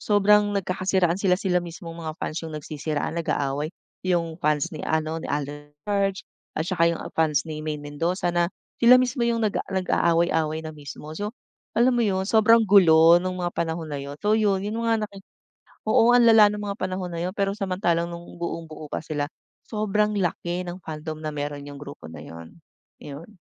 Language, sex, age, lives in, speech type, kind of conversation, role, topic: Filipino, female, 40-44, Philippines, conversation, podcast, guest, Paano nagbago ang kultura ng mga tagahanga ngayon kumpara noon?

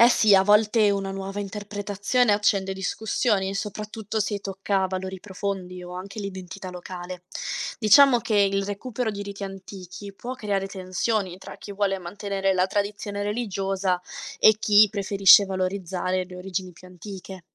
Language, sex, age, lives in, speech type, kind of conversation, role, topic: Italian, female, 20-24, Italy, read, expert-assistant, expert, Come posso scoprire se le idee degli antropologi cambiano davvero il modo in cui viviamo ogni giorno?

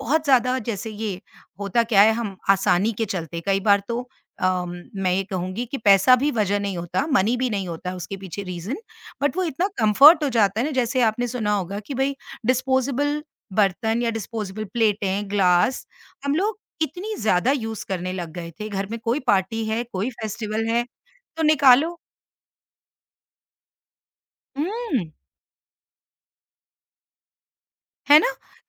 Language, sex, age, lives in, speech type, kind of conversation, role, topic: Hindi, female, 50-54, India, conversation, podcast, guest, Kaise sustainable choices ko simple aur practical banaya jaaye?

